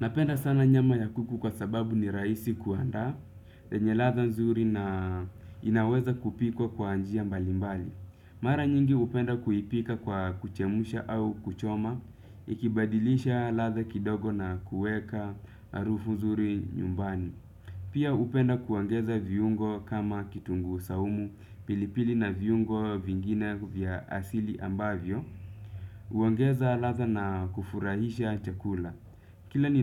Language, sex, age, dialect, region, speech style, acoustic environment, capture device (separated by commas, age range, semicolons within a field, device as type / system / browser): Swahili, male, 25-34, Kenya - Nairobi Sheng-influenced, Kenya, relaxed, nature, desktop / Windows / Chrome